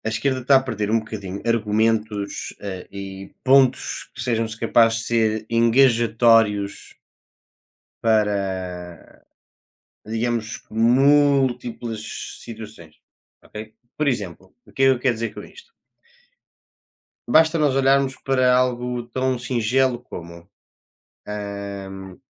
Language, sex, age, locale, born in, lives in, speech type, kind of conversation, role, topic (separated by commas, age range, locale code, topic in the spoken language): Portuguese, male, 20-24, pt-PT, Portugal, Portugal, conversation, unstructured, none, Qual é o papel da mídia na formação da opinião pública sobre política?